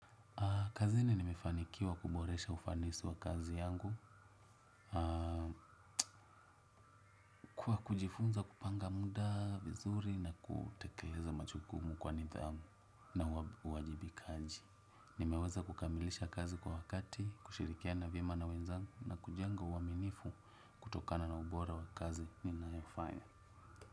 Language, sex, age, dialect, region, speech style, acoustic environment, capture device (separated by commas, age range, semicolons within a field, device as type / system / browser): Swahili, male, 25-34, Kenya - Nairobi Sheng-influenced, Kenya, happy; relaxed, nature; silence, desktop / Windows / Chrome